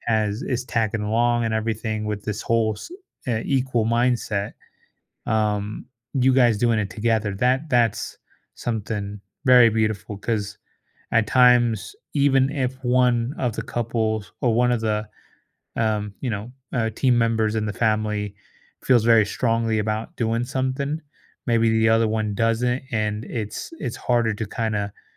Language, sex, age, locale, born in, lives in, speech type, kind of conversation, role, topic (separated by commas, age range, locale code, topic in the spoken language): English, male, 30-34, en-US, United States, United States, conversation, advice, advisor, How can I get unstuck in life?